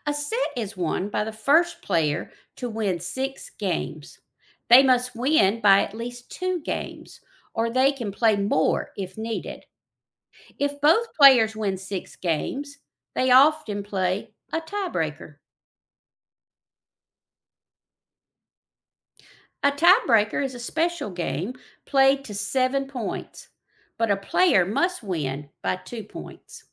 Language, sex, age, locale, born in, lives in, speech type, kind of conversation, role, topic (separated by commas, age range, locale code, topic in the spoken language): English, female, 55-59, en-US, United States, United States, read, expert-assistant, expert, Explain how tennis scoring works.